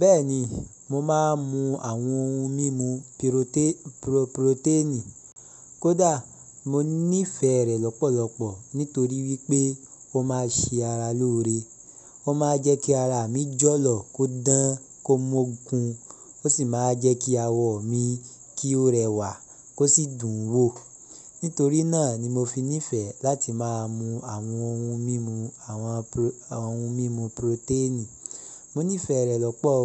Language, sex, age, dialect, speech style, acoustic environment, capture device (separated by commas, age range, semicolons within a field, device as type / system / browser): Yoruba, male, 25-34, Nigeria - Ibadan, happy; relaxed; excited; focused, silence, mobile / Linux / Chrome